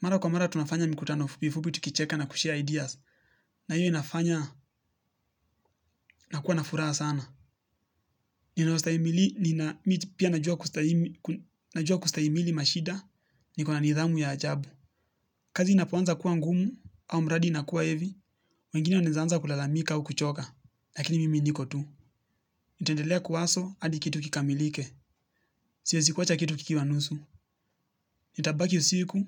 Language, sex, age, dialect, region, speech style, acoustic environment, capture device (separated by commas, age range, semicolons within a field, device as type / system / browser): Swahili, male, 18-24, Kenya - Nairobi Sheng-influenced, Kenya, relaxed, nature, mobile / Linux / Chrome